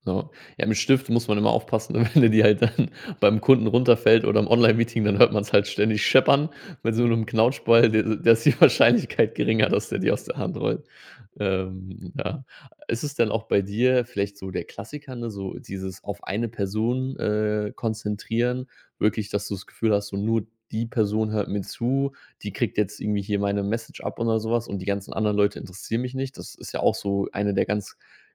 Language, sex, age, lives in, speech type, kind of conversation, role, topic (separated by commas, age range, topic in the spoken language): German, male, 30-34, Germany, conversation, advice, advisor, Wie äußert sich deine Angst vor Präsentationen oder Reden bei der Arbeit?